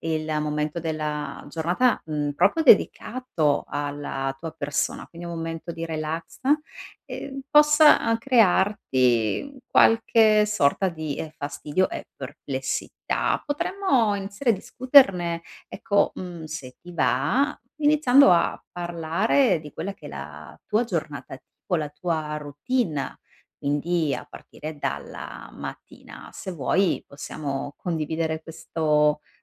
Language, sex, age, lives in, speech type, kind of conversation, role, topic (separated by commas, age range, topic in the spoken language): Italian, female, 35-39, Italy, conversation, advice, advisor, Perché faccio fatica a rilassarmi la sera a casa?